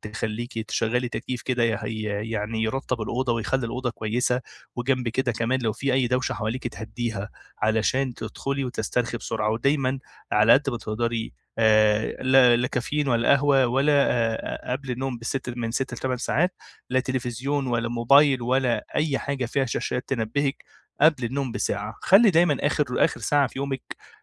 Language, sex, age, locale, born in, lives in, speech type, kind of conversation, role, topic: Arabic, male, 25-29, ar-EG, Egypt, Egypt, conversation, advice, advisor, إزاي أقدر أظبط روتين نوم ثابت كل ليلة؟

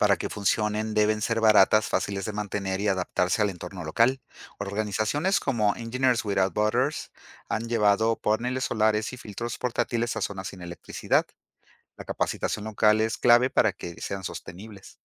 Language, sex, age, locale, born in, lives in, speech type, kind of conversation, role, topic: Spanish, male, 50-54, es-MX, Mexico, Mexico, read, expert-assistant, expert, ¿Cuán ético es invertir grandes recursos en explorar el espacio cuando aún existen problemas no resueltos en la Tierra?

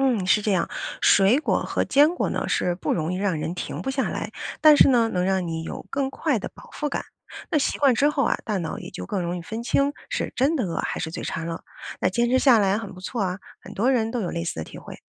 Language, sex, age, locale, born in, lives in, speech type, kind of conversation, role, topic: Chinese, female, 40-44, zh-CN, China, United States, read, expert-assistant, expert, 你能举个生活中的例子，说明我们身体是怎么知道饿了或者饱了吗？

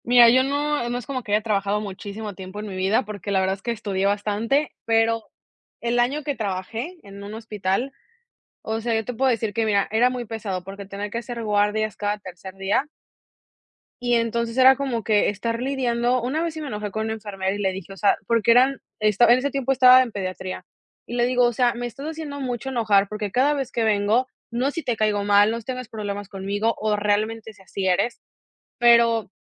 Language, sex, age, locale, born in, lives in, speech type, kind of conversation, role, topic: Spanish, female, 25-29, es-MX, Mexico, United States, conversation, unstructured, none, ¿Por qué crees que muchas personas odian su trabajo?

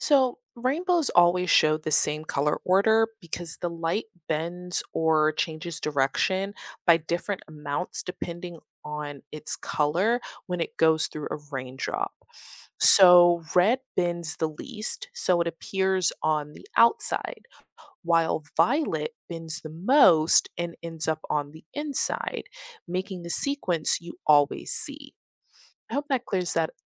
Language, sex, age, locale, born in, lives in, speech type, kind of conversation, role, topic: English, female, 25-29, en-US, United States, United States, read, expert-assistant, expert, What causes rainbows to appear after rain?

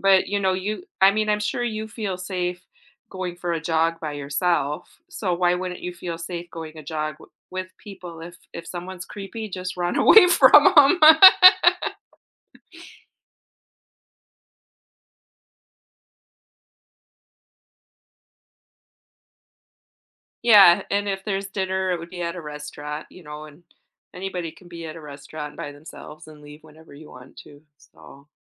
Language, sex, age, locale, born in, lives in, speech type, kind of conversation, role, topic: English, female, 45-49, en-US, United States, United States, conversation, advice, advisor, How can I make closer friends?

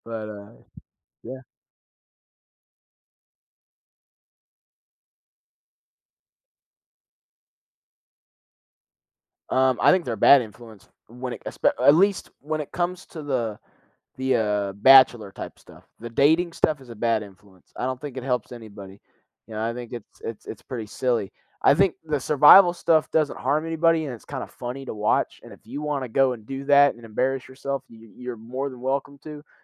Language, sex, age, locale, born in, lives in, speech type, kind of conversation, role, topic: English, male, 18-19, en-US, United States, United States, conversation, unstructured, none, Why do you think reality TV has become such a big part of our culture?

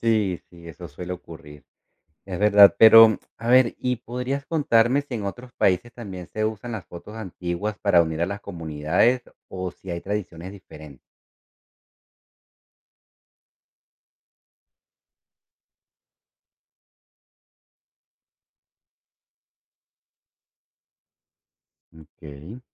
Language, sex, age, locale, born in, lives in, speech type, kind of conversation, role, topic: Spanish, male, 40-44, es-CO, Venezuela, United States, read, expert-assistant, asker, El papel de la fotografía antigua en la memoria colectiva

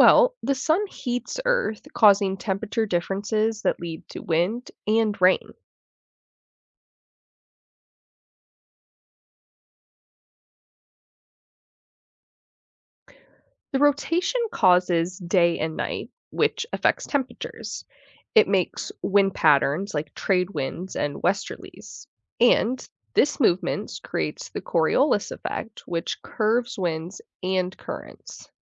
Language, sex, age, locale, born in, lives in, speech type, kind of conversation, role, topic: English, female, 20-24, en-US, United States, United States, read, expert-assistant, expert, What factors influence the weather patterns on Earth?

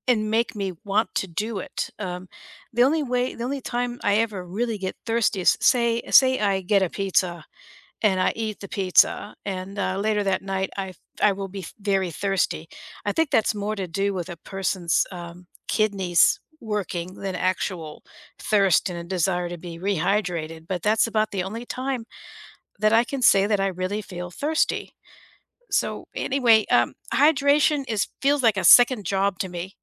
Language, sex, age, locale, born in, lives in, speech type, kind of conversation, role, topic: English, female, 60-64, en-US, United States, United States, conversation, unstructured, none, How do you stay hydrated throughout the day?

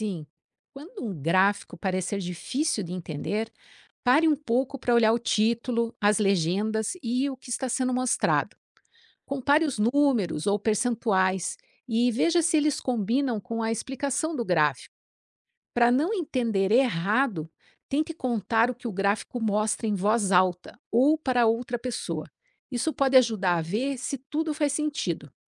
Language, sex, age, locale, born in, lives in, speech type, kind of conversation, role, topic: Portuguese, female, 50-54, pt-BR, Brazil, United States, read, expert-assistant, expert, Por que aprender matemática pode ser útil no dia a dia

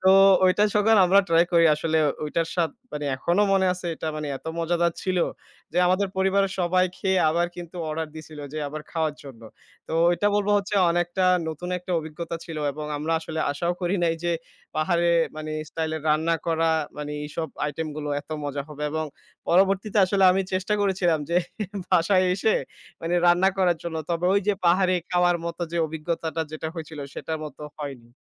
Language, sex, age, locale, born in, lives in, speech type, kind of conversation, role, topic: Bengali, male, 25-29, bn-BD, Bangladesh, Bangladesh, conversation, podcast, guest, ভ্রমণ আপনার দৃষ্টিভঙ্গি কীভাবে বদলে দিয়েছে?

